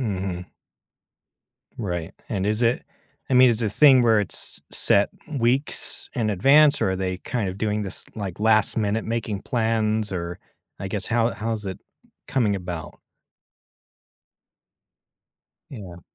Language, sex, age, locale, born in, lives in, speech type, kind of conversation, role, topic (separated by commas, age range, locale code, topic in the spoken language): English, male, 30-34, en-US, United States, United States, conversation, advice, advisor, How should I respond to broken promises?